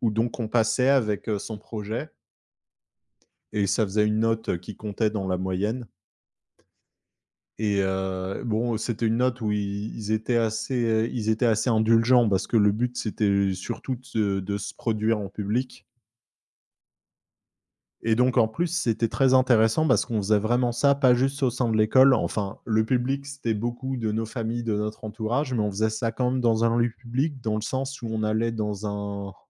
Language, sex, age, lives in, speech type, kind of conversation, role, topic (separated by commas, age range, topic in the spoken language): French, male, 25-29, France, conversation, podcast, guest, Peux-tu raconter la première fois que tu as montré ton travail en public ?